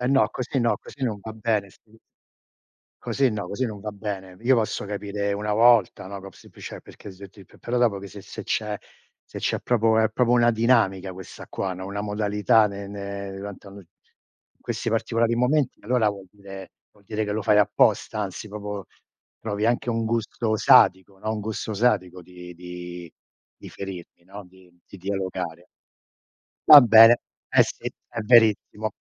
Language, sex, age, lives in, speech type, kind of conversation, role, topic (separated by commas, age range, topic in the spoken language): Italian, male, 60-64, United States, conversation, unstructured, none, Come si può ricostruire la fiducia dopo un conflitto?